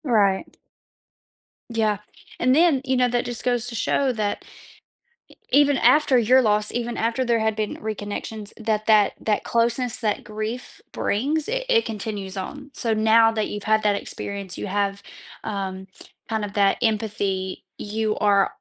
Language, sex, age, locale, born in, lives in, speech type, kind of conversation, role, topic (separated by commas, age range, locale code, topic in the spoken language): English, female, 25-29, en-US, United States, United States, conversation, podcast, host, How can experiencing loss together strengthen our relationships?